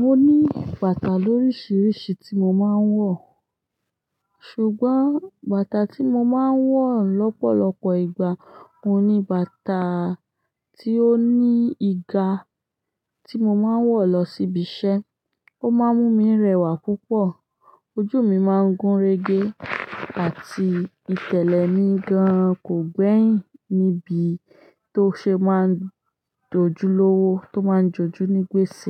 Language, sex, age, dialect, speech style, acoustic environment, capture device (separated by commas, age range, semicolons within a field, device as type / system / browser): Yoruba, female, 25-34, Nigeria - Ibadan, happy; excited, silence, desktop / Linux / Chrome